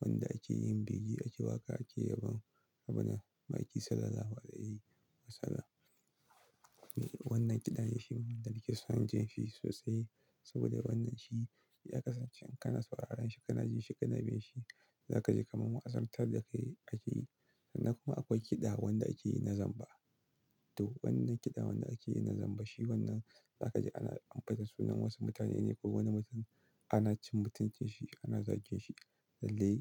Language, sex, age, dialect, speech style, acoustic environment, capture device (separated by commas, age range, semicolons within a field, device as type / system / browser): Hausa, male, 25-34, Nigeria - Kano, focused, silence, desktop / Linux / Chrome